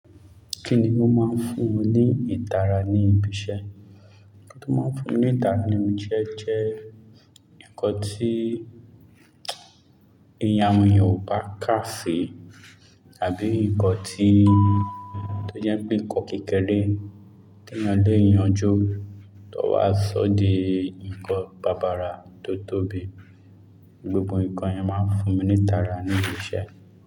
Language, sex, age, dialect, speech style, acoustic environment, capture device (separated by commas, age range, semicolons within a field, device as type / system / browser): Yoruba, male, 25-34, Nigeria - Lagos Yoruba, relaxed; focused, silence, mobile / Linux / Chrome